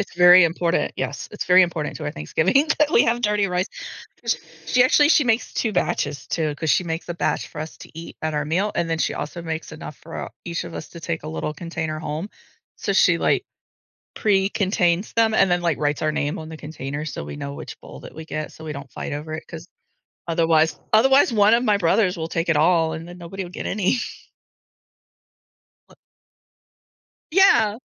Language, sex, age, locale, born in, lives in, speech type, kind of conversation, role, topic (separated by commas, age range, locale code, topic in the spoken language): English, female, 40-44, en-US, United States, United States, conversation, podcast, guest, What role does food play in celebrations?